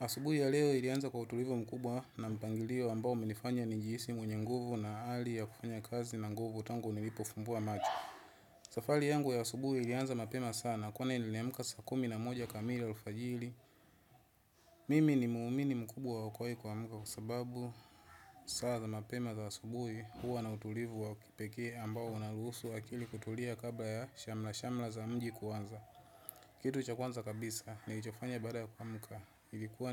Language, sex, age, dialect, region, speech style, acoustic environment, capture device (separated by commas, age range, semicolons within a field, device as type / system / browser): Swahili, male, 25-34, Tanzania - Dar es Salaam, Tanzania, relaxed, people talking; nature; traffic, desktop / Windows / Chrome